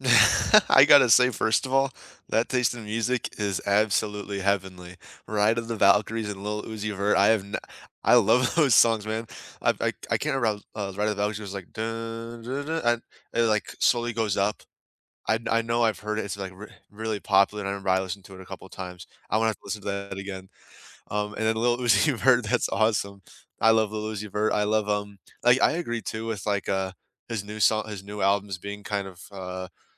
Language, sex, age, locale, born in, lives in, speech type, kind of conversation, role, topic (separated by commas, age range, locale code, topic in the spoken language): English, male, 20-24, en-US, United States, United States, conversation, unstructured, none, Which song did you have on repeat all week, and what about it hooked you?